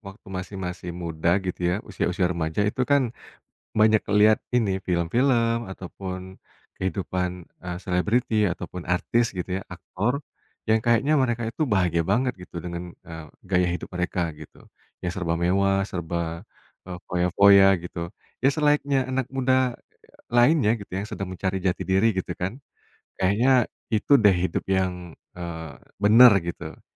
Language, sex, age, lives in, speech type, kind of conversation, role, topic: Indonesian, male, 35-39, Indonesia, conversation, podcast, guest, Apa satu perubahan pola pikir yang paling mengubah hidupmu?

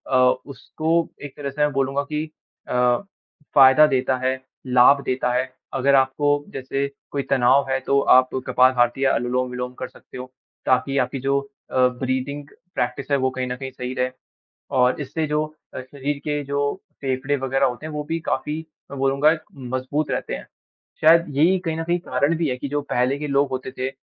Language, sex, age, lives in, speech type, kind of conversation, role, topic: Hindi, male, 18-19, India, conversation, unstructured, none, आपके लिए योग का क्या महत्व है?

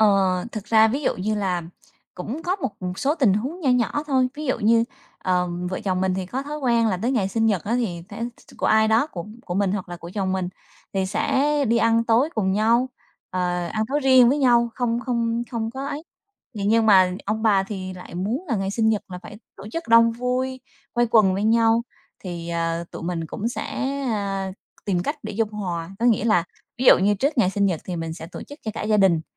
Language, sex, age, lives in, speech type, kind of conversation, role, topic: Vietnamese, female, 35-39, Vietnam, conversation, podcast, guest, Làm sao để giữ ranh giới lành mạnh khi sống chung nhà nhiều thế hệ?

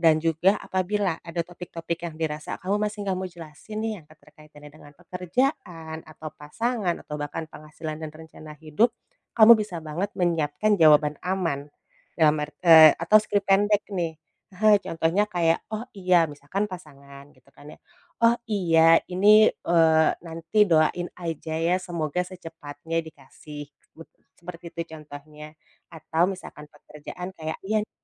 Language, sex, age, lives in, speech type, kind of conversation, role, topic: Indonesian, female, 35-39, Indonesia, conversation, advice, advisor, Bagaimana cara mengelola ekspektasi dan tekanan sosial saat berkumpul?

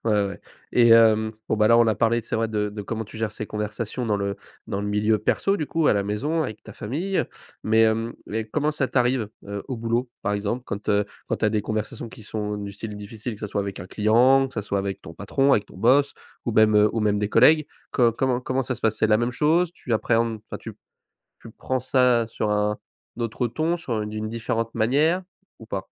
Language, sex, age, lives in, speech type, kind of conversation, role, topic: French, male, 20-24, France, conversation, podcast, host, Comment gères-tu les conversations difficiles au quotidien ?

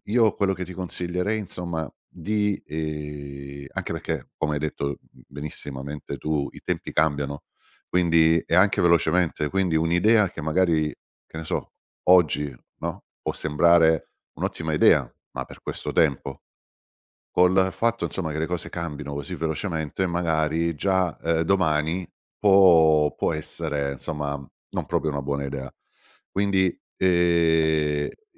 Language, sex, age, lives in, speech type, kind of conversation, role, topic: Italian, male, 50-54, Italy, conversation, advice, advisor, Obiettivi troppo ambiziosi e irrealistici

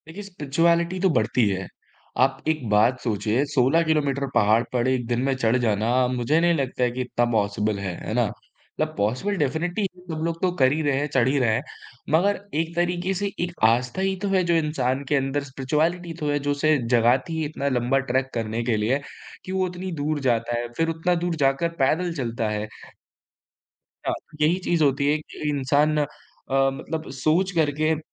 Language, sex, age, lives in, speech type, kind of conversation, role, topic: Hindi, male, 20-24, India, conversation, podcast, guest, पहाड़ों की सैर ने आपको किस तरह बदल दिया?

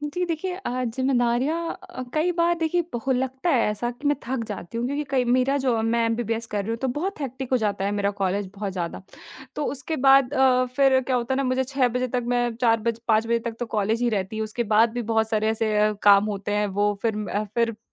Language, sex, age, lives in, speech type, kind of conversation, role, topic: Hindi, female, 20-24, India, conversation, advice, user, आप काम बार-बार क्यों टालते हैं और आखिरी समय में दबाव को कैसे महसूस करते हैं?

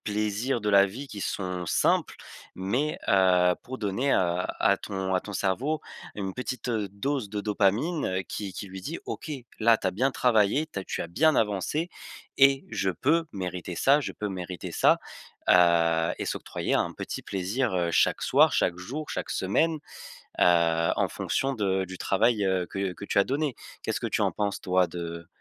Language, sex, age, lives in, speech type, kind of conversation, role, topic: French, male, 20-24, France, conversation, advice, advisor, Comment puis-je mieux célébrer mes petites victoires et mes progrès au quotidien ?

